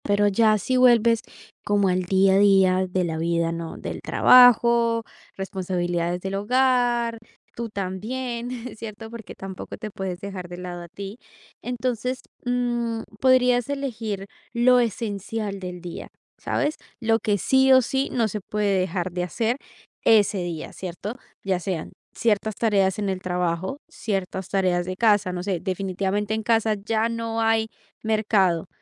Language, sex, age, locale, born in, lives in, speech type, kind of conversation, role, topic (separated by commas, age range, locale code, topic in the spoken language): Spanish, female, 18-19, es-CO, Colombia, Italy, conversation, advice, advisor, ¿Cómo puedo sobrellevar sentirme abrumado por demasiadas responsabilidades en el trabajo y en casa?